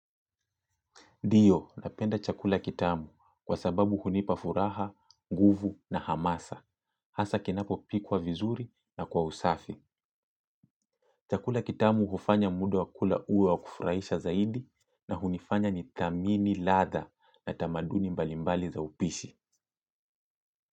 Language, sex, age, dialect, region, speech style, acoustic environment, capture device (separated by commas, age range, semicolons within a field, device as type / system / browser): Swahili, male, 25-34, Kenya - Mombasa, Kenya, happy; relaxed; focused, silence, mobile / Linux / Chrome